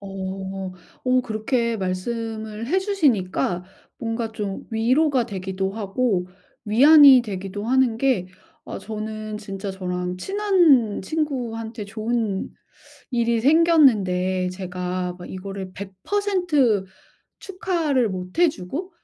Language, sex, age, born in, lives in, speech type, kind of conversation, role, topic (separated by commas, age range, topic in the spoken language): Korean, female, 40-44, South Korea, United States, conversation, advice, user, 친구와 성과를 비교할 때 감정을 어떻게 다뤄야 할까요?